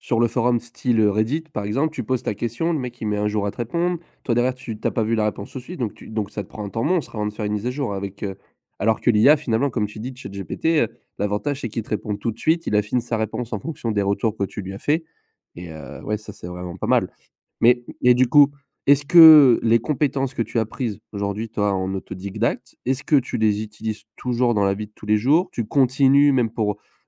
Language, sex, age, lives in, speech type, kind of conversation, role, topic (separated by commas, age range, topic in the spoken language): French, male, 20-24, France, conversation, podcast, host, Quelle est une compétence que tu as apprise en autodidacte ?